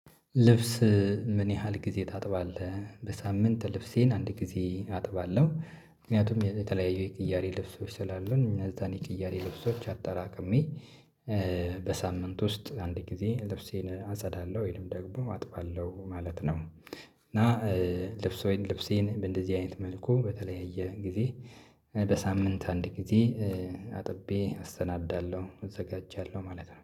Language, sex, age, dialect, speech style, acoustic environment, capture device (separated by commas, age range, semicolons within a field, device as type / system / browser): Amharic, male, 25-34, Ethiopia - Addis Ababa, happy; relaxed, silence, mobile / Linux / Chrome